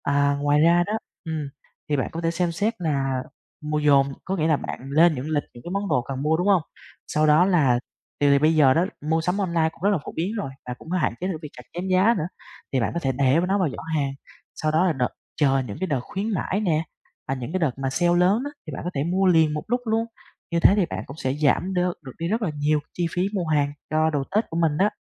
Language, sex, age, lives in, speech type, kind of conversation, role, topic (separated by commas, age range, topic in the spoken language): Vietnamese, male, 20-24, Vietnam, conversation, advice, advisor, Làm sao để mua sắm khi có ngân sách rất hạn chế?